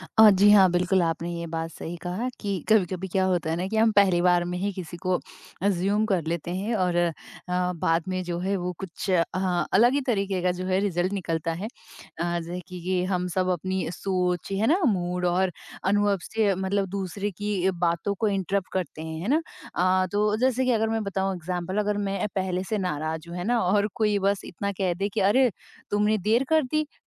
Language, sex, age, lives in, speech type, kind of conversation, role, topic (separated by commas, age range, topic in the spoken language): Hindi, female, 30-34, India, conversation, podcast, guest, Communication mein log doosron ke baare mein assumptions bana lene ko sabse badi problem kyun banate hain?